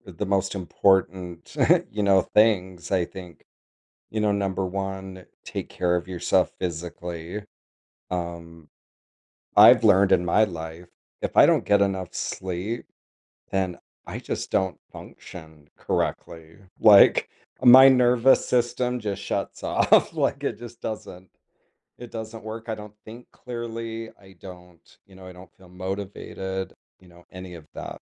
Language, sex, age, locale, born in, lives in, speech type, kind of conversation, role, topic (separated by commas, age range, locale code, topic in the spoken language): English, male, 50-54, en-US, United States, United States, conversation, podcast, host, What habits or strategies help you stay organized and make the most of your time?